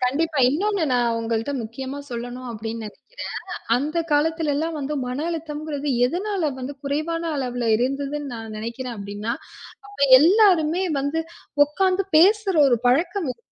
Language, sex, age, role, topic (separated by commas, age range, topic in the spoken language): Tamil, female, 35-39, guest, மனஅழுத்தம் அதிகரிக்கும் போது நீங்கள் அமைதியாக இருக்க என்ன செய்கிறீர்கள்?